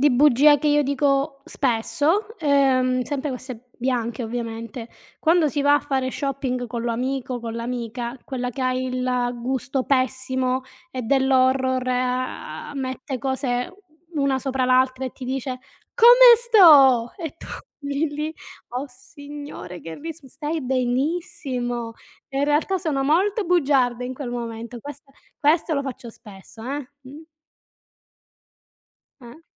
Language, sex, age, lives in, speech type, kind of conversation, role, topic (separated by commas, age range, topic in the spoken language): Italian, female, 35-39, Italy, conversation, unstructured, none, Quando pensi che sia giusto dire una bugia?